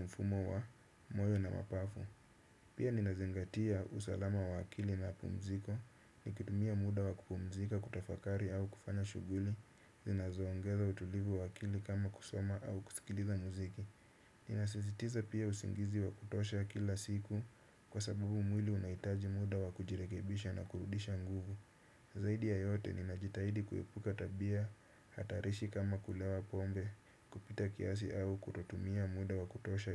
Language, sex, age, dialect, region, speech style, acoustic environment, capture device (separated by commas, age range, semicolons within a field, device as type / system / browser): Swahili, male, 25-34, Kenya - Nairobi Sheng-influenced, Kenya, happy; relaxed; excited; focused, nature; silence, desktop / Windows / Chrome